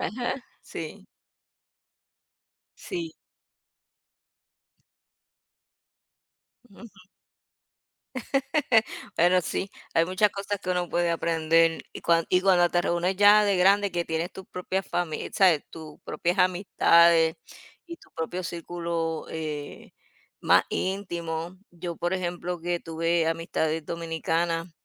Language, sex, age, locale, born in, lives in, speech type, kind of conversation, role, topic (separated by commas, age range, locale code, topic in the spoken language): Spanish, female, 50-54, es-US, United States, United States, conversation, unstructured, none, ¿Conoces alguna costumbre que te parezca curiosa o divertida?